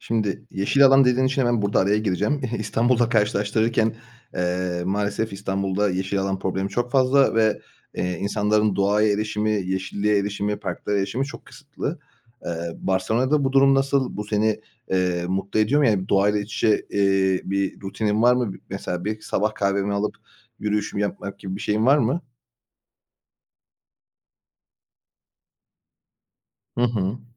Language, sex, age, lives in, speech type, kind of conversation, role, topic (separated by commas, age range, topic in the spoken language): Turkish, male, 30-34, Bulgaria, conversation, podcast, host, Bir şehir seni hangi yönleriyle etkiler?